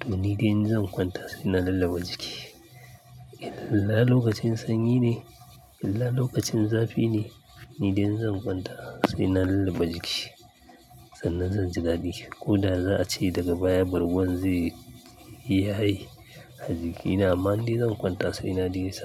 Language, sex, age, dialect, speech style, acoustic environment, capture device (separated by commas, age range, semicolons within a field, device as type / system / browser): Hausa, male, 35-44, Nigeria - Kano, happy, nature; silence, mobile / Linux / Chrome